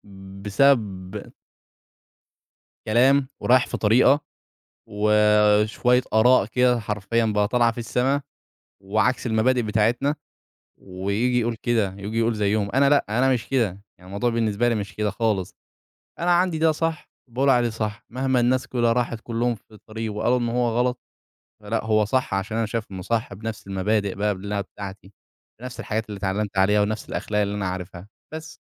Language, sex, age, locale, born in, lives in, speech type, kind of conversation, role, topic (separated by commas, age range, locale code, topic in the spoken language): Arabic, male, 20-24, ar-EG, Egypt, Egypt, conversation, podcast, guest, إزاي السوشيال ميديا بتأثر على أسلوبك؟